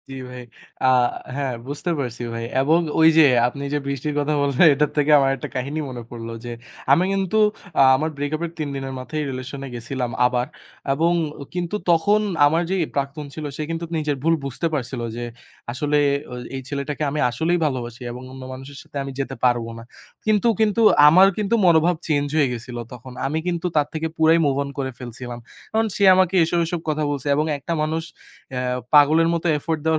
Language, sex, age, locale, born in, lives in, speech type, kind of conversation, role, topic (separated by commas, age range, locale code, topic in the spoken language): Bengali, male, 20-24, bn-BD, Bangladesh, Bangladesh, conversation, unstructured, none, একটি ভুল স্মৃতি আপনার জীবনে কীভাবে প্রভাব ফেলেছে?